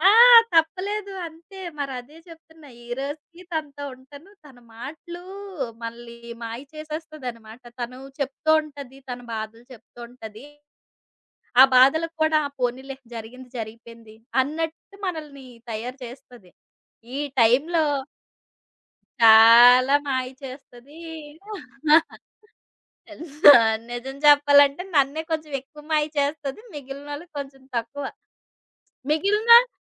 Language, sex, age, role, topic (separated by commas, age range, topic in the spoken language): Telugu, female, 30-34, guest, నిజం ఎప్పుడు చెప్పాలో మీరు ఎలా నిర్ణయిస్తారు?